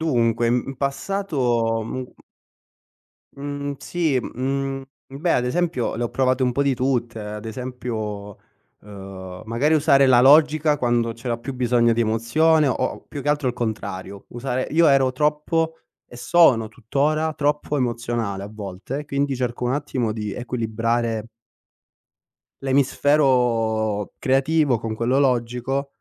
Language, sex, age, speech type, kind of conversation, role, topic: Italian, male, 25-29, conversation, podcast, guest, Come riconosci quando sei in stallo decisionale?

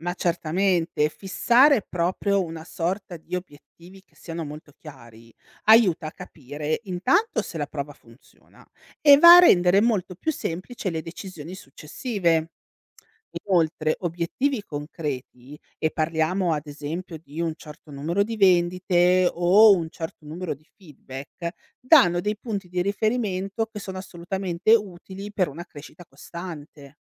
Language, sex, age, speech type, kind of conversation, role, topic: Italian, female, 35-39, read, expert-assistant, expert, Come può una piccola azienda alimentare crescere in modo sicuro?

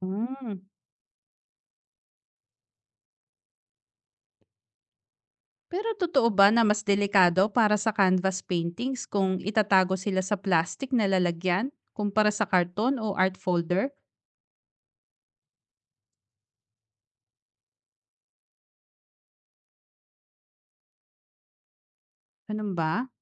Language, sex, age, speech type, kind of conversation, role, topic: Filipino, female, 35-39, read, expert-assistant, asker, Ano ang mga karaniwang sanhi ng pag-yellow ng canvas paintings paglipas ng panahon, at paano ito maiiwasan?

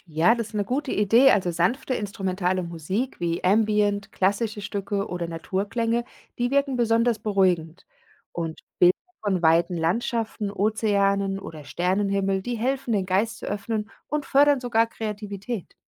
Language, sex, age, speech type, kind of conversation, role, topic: German, female, 40-44, read, expert-assistant, expert, Wie kann der Blick in den Kosmos helfen, mit persönlichen Herausforderungen umzugehen?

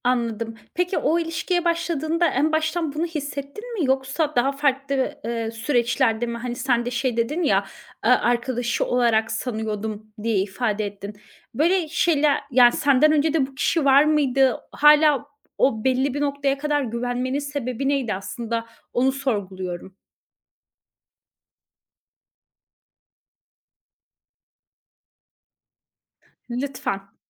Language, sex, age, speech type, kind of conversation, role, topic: Turkish, female, 30-34, conversation, podcast, host, İlişkide güven senin için ne anlama geliyor?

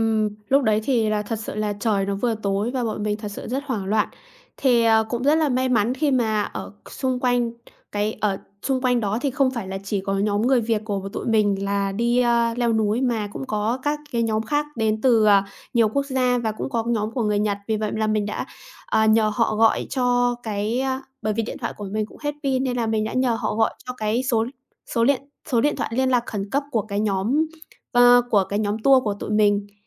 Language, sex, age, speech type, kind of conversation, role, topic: Vietnamese, female, 25-29, conversation, podcast, guest, Bạn có thể kể về một lần bạn bị lạc khi đi du lịch không?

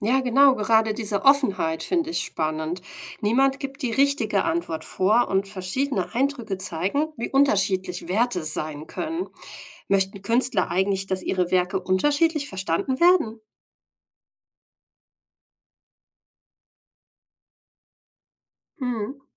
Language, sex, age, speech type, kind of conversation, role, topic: German, female, 40-44, read, expert-assistant, asker, Warum wirkt Kunst auf jeden Menschen unterschiedlich?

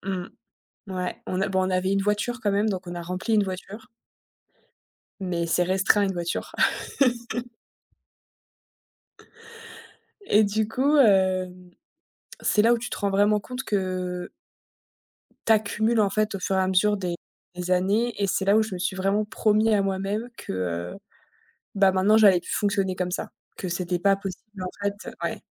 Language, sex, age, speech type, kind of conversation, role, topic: French, female, 30-34, conversation, podcast, guest, Quels conseils donneriez-vous pour désencombrer sans céder au consumérisme ?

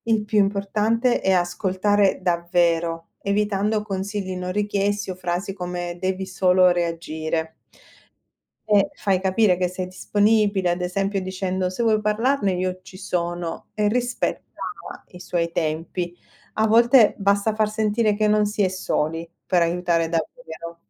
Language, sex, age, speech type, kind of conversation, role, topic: Italian, female, 45-49, read, expert-assistant, expert, Perché c’è spesso confusione tra stress, ansia e depressione? Come possiamo distinguerli meglio?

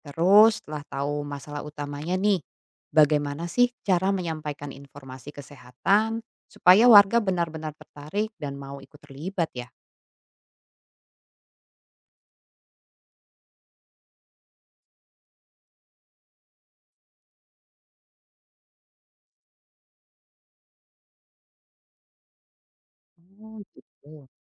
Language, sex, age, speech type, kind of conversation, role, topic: Indonesian, female, 35-39, read, expert-assistant, asker, Bagaimana memulai perjalanan belajar tentang kesehatan masyarakat agar bisa membuat perubahan nyata di sekitar kita?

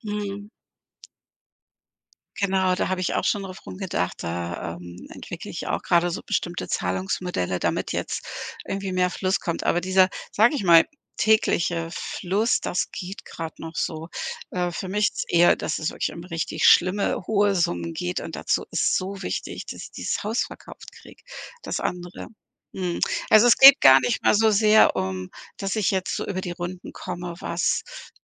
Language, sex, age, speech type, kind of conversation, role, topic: German, female, 55-59, conversation, advice, user, Wie fühlst du dich, wenn du von Aufgaben überfordert bist und innere Unruhe verspürst?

